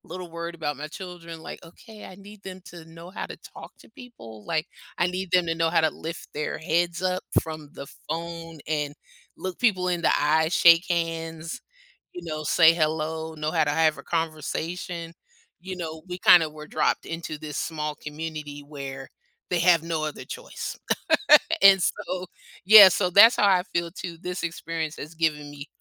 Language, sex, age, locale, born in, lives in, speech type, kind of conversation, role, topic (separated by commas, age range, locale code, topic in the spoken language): English, female, 50-54, en-US, United States, United States, conversation, podcast, guest, What helps people feel included and comfortable when joining a new community?